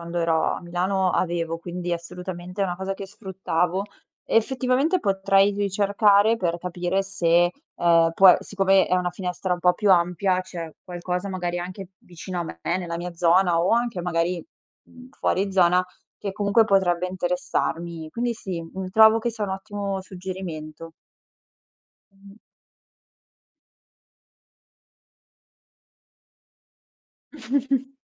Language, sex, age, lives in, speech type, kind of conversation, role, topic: Italian, female, 25-29, Italy, conversation, advice, user, Come posso superare le difficoltà nel fare amicizia nella mia nuova città?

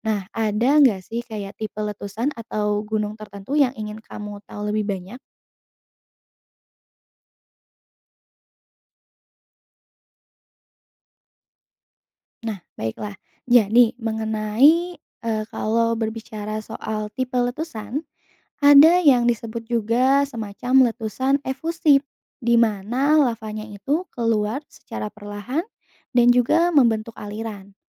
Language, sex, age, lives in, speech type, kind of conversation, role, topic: Indonesian, female, 25-29, Indonesia, read, expert-assistant, expert, Apa yang membuat gunung berapi bisa meletus?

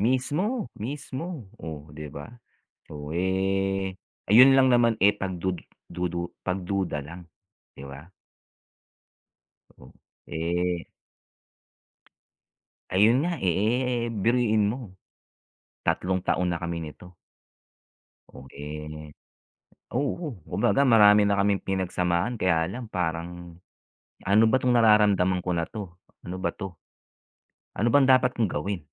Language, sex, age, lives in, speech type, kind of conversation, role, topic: Filipino, male, 45-49, United States, conversation, unstructured, none, Ano ang ibig sabihin ng tiwala para sa’yo sa isang relasyon?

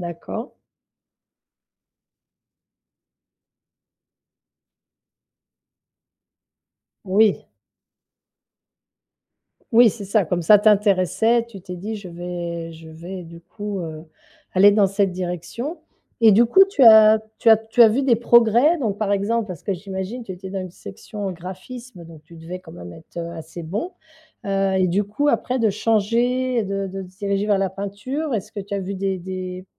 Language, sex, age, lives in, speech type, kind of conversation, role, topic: French, female, 50-54, France, conversation, podcast, host, Quelle habitude t’a fait le plus progresser ?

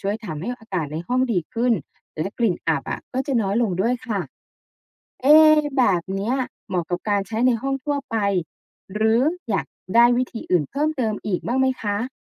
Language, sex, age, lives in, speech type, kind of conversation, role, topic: Thai, female, 35-39, Thailand, read, expert-assistant, expert, เพราะอะไรเวลาฝนตกแล้วอากาศเย็นลง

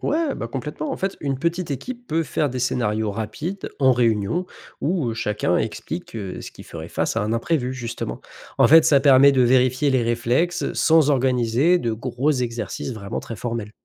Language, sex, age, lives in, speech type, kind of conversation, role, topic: French, male, 25-29, France, read, expert-assistant, expert, Peux-tu comparer la stratégie d’une entreprise à un jeu d’échecs ou à un autre jeu, pour mieux comprendre comment on prend des décisions clés ?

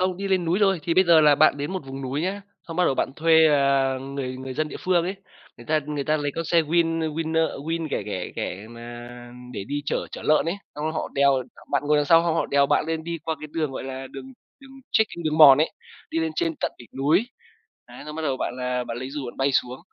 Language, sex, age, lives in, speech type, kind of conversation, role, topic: Vietnamese, male, 25-29, Vietnam, conversation, unstructured, none, Bạn đã từng có trải nghiệm đáng nhớ nào khi tham gia thể thao không?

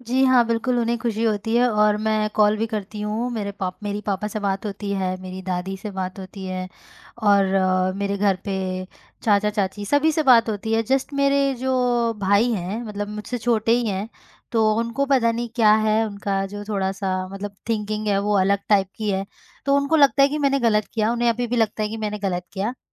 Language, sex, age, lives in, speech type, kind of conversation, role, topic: Hindi, female, 25-29, India, conversation, podcast, guest, Approval na milne par aap apni choices kaise defend karte ho?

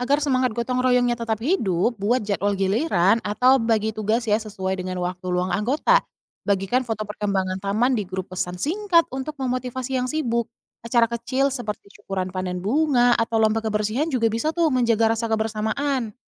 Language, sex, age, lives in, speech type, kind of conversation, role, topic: Indonesian, female, 30-34, Indonesia, read, expert-assistant, expert, Bagaimana cara membuat rencana komunitas untuk merawat taman kota agar tumbuhan dan hewan bisa hidup berdampingan?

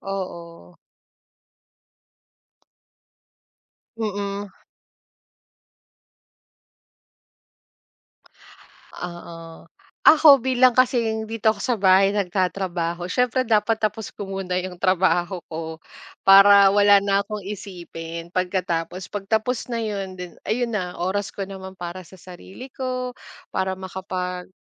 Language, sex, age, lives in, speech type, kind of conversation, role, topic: Filipino, female, 35-39, Philippines, conversation, unstructured, none, Ano ang paborito mong paraan para magpahinga at makapagrelaks sa bahay?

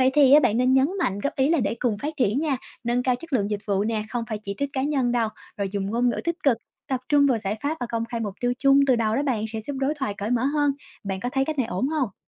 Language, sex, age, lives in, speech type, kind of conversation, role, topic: Vietnamese, female, 25-29, Vietnam, read, expert-assistant, expert, Bạn nghĩ quy trình nào sẽ giúp ý kiến của người dân được lắng nghe và đưa vào chính sách công dễ dàng hơn?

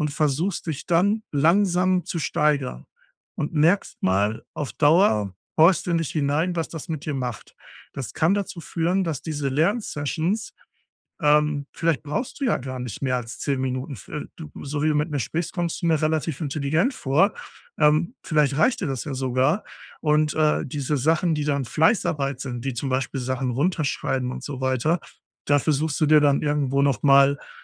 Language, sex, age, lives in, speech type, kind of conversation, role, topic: German, male, 35-39, Germany, conversation, advice, advisor, Wie kann ich länger fokussiert bleiben, wenn ich in Intervallen arbeite?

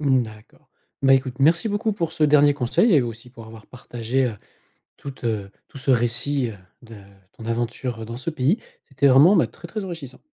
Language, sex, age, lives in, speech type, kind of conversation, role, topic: French, male, 40-44, France, conversation, podcast, host, Quelle rencontre imprévue a changé ton voyage ?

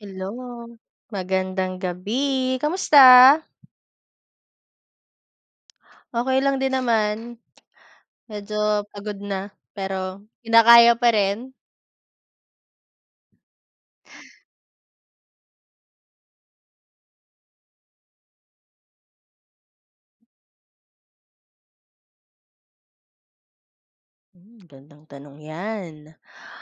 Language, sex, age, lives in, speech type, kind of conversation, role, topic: Filipino, female, 25-29, Philippines, conversation, unstructured, none, Ano ang pananaw mo tungkol sa kahalagahan ng kalikasan sa ating buhay?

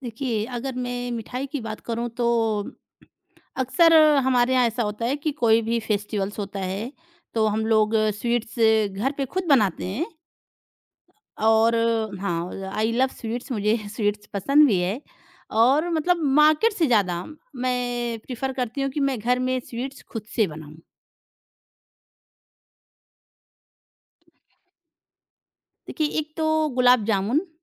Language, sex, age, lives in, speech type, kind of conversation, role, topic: Hindi, female, 30-34, India, conversation, podcast, guest, Aapke ghar ki pasandeeda mithai kaise banai jaati hai?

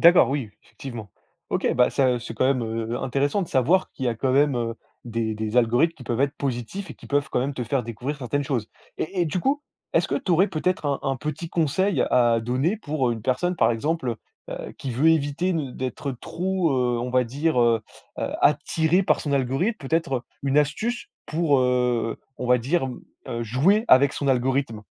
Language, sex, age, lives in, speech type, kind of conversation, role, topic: French, male, 20-24, France, conversation, podcast, host, Comment les algorithmes décident-ils de ce que nous regardons, selon vous ?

